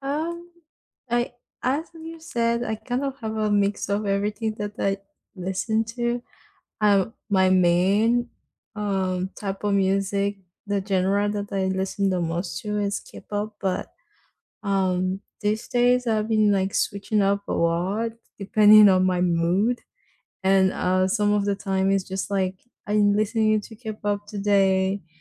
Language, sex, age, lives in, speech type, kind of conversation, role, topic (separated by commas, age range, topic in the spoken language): English, female, 18-19, United States, conversation, unstructured, none, What kind of music best fits your everyday moments?